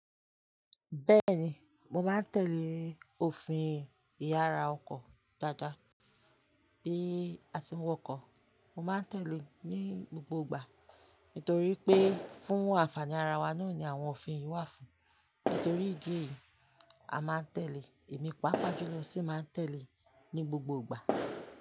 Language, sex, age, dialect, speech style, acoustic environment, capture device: Yoruba, female, 25-34, Nigeria - Ibadan, relaxed; happy, people talking, mobile / Linux / Chrome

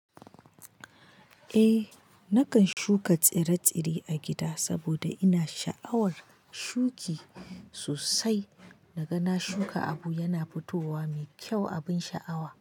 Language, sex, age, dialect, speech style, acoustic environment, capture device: Hausa, female, 25-34, Nigeria - Kano, anxious; nervous, nature, mobile / Linux / Chrome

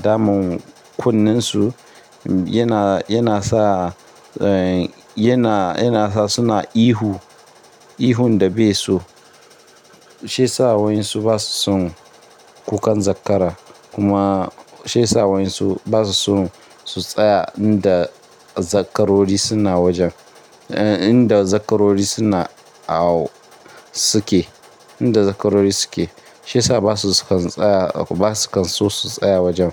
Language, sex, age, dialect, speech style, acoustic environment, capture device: Hausa, male, 25-34, Nigeria - Ibadan, tired; nervous, people talking; construction; appliances, mobile / Linux / Chrome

